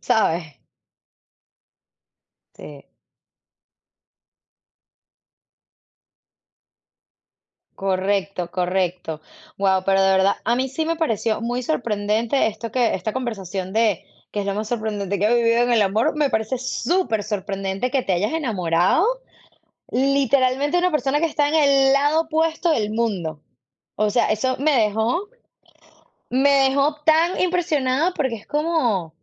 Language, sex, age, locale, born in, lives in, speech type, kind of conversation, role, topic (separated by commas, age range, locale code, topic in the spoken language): Spanish, female, 35-39, es-VE, Venezuela, United States, conversation, unstructured, none, ¿Qué ha sido lo más sorprendente que has vivido en el amor?